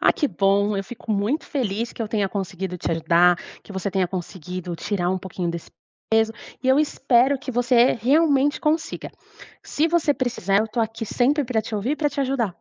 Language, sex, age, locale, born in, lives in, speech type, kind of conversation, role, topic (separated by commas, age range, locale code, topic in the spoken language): Portuguese, female, 30-34, pt-BR, Brazil, Portugal, conversation, advice, advisor, Como posso ajudar alguém que está inseguro em relação ao futuro e a grandes mudanças?